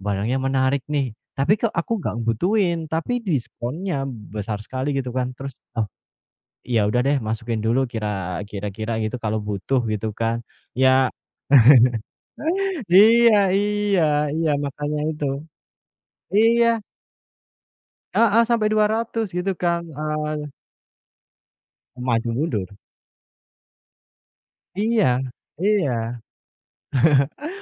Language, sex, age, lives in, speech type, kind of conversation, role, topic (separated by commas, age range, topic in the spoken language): Indonesian, male, 30-34, Indonesia, conversation, unstructured, none, Apa yang membuat orang sering merasa stres tentang uang?